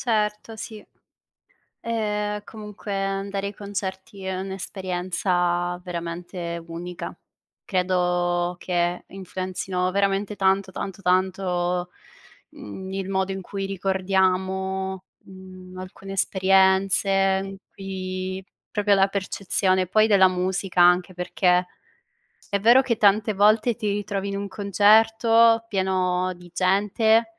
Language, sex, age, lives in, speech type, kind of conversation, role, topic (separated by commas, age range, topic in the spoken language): Italian, female, 25-29, Italy, conversation, unstructured, none, Qual è il ricordo più bello che hai legato a un concerto o a una festa musicale?